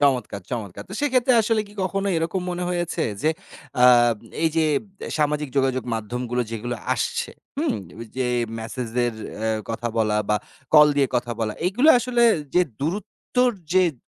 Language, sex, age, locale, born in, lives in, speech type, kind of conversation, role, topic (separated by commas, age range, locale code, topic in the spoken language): Bengali, male, 30-34, bn-BD, Bangladesh, Bangladesh, conversation, podcast, host, দীর্ঘ দূরত্বে থাকা পরিবারের সদস্যদের সঙ্গে ঘনিষ্ঠতা কীভাবে বজায় রাখবেন?